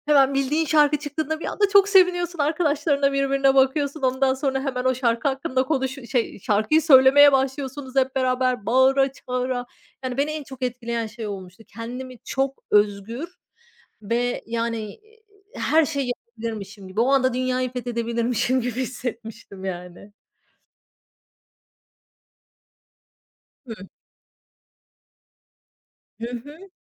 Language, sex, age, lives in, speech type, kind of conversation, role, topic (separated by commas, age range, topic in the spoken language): Turkish, female, 35-39, Spain, conversation, podcast, guest, Canlı konser deneyimi seni nasıl etkiledi?